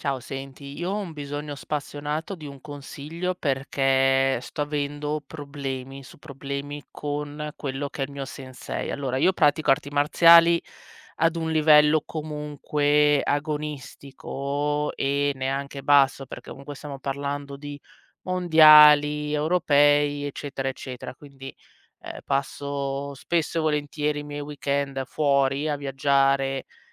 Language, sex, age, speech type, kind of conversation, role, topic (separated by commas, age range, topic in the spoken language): Italian, female, 35-39, conversation, advice, user, Come posso gestire le critiche personali da parte di una persona importante per me?